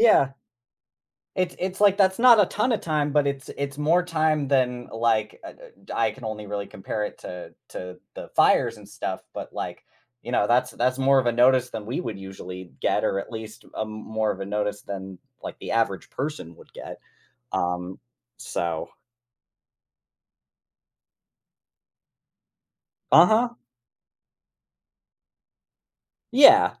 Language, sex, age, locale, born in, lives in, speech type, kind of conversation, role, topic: English, male, 25-29, en-US, United States, United States, conversation, podcast, host, How has your hobby created meaningful or unforgettable moments in your life?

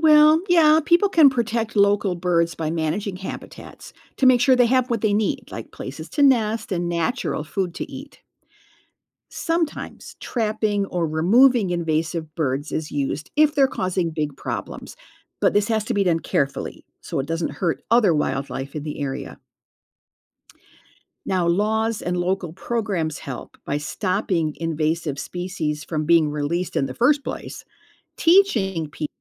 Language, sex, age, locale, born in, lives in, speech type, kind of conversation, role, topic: English, female, 65-69, en-US, United States, United States, read, expert-assistant, expert, Why do some animals migrate while others stay in one place?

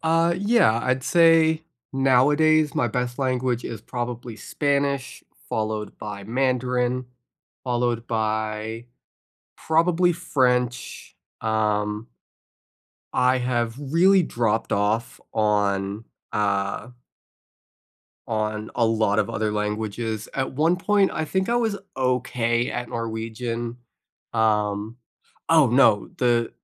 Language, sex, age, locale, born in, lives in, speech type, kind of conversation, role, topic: English, other, 20-24, en-US, United States, United States, conversation, unstructured, none, How do you keep your curiosity alive outside of school?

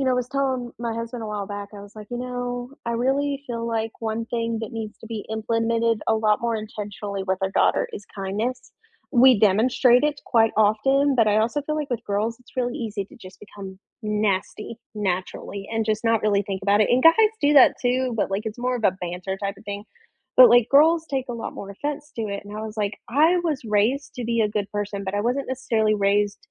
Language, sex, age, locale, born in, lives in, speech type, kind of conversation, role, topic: English, female, 20-24, en-US, United States, United States, conversation, unstructured, none, What small joys brightened your week?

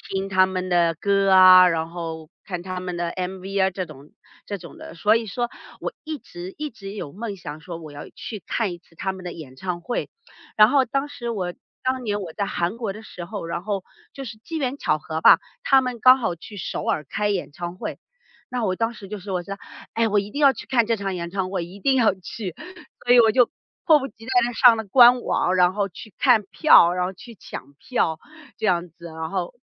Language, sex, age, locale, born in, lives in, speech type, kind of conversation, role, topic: Chinese, female, 40-44, zh-CN, China, United States, conversation, podcast, guest, 你第一次去演唱会是什么样的经历？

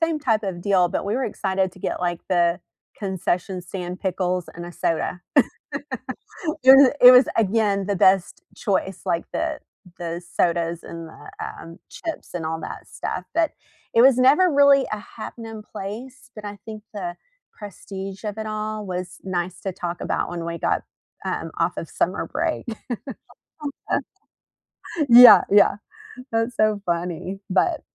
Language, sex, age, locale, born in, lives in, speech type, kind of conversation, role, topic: English, female, 45-49, en-US, United States, United States, conversation, unstructured, none, What is a childhood memory that always makes you smile?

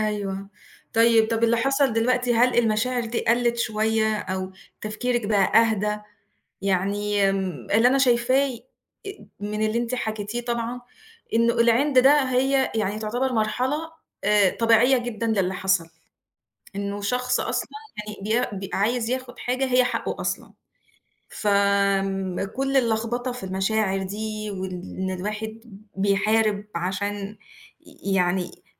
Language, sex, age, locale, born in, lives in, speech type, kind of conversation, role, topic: Arabic, female, 35-39, ar-EG, Egypt, Egypt, conversation, advice, advisor, إزاي أتعامل مع النقد المتكرر من حد من العيلة؟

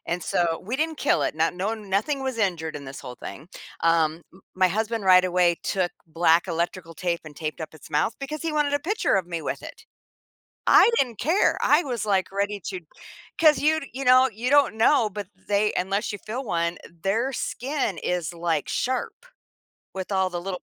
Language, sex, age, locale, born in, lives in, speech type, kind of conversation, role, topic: English, female, 55-59, en-US, United States, United States, conversation, podcast, guest, How have your travels pushed you out of your comfort zone?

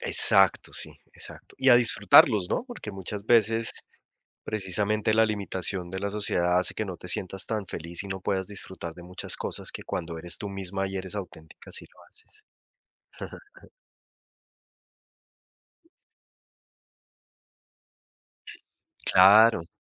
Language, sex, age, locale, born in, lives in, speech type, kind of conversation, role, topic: Spanish, male, 45-49, es-CO, Colombia, United States, conversation, unstructured, none, ¿Crees que la sociedad limita la forma en que expresamos nuestra identidad?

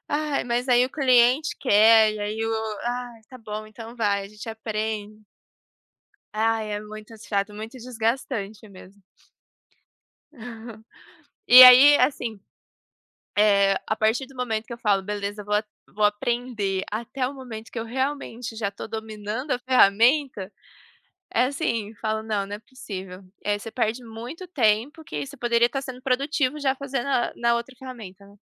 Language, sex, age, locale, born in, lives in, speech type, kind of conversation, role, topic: Portuguese, female, 25-29, pt-PT, Brazil, Germany, conversation, unstructured, none, Você tem medo de perder relevância se não acompanhar as novidades?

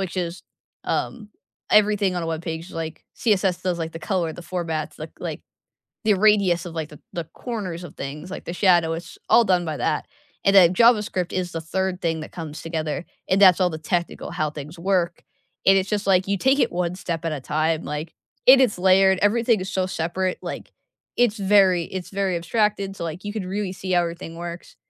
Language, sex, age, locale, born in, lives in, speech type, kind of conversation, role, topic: English, female, 20-24, en-US, United States, United States, conversation, unstructured, none, What makes a job feel rewarding to you?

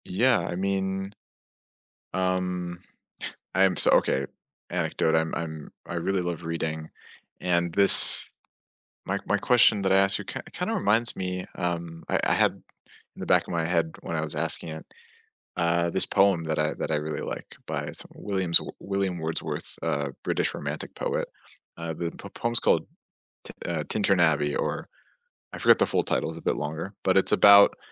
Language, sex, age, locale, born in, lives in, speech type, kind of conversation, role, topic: English, male, 20-24, en-US, United States, United States, conversation, unstructured, none, How can remembering the good times help heal sorrow?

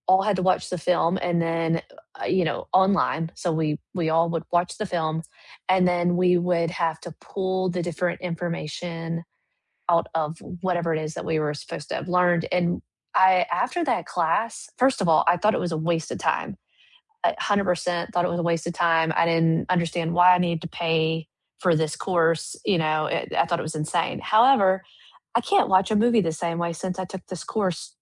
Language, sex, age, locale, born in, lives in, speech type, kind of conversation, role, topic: English, female, 35-39, en-US, United States, United States, conversation, unstructured, none, What online courses would you recommend, and what sets them apart?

